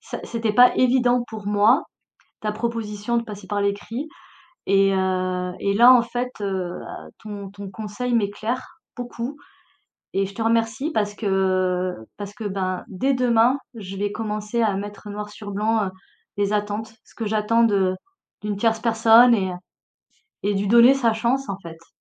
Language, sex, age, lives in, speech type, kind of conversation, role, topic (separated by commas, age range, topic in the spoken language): French, female, 40-44, France, conversation, advice, user, Pourquoi ai-je du mal à commencer un projet important ?